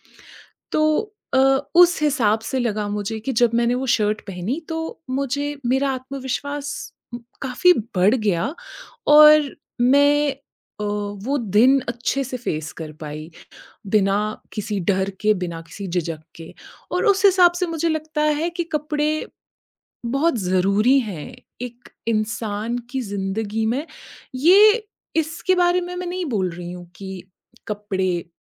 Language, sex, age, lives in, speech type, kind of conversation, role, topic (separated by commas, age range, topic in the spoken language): Hindi, female, 30-34, India, conversation, podcast, guest, कौन-सा कपड़ा पहनकर आपको कभी सबसे ज़्यादा आत्मविश्वास महसूस हुआ है?